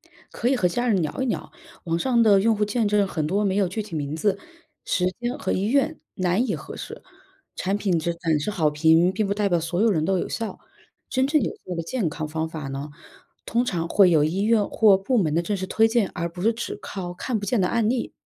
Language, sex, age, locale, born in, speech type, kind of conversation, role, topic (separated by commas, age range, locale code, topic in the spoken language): Chinese, female, 25-29, zh-CN, China, read, expert-assistant, expert, 社交媒体上出现的健康信息，比如某些药物或疗法，如何判断其来源和准确性？